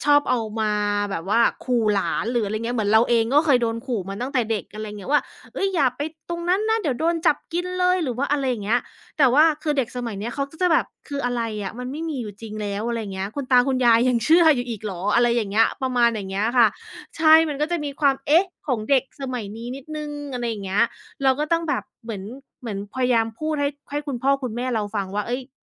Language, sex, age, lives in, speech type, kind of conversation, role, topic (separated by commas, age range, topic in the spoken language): Thai, female, 35-39, United States, conversation, podcast, guest, ความแตกต่างระหว่างคนรุ่นเก่าและคนรุ่นใหม่ในครอบครัวเป็นอย่างไร?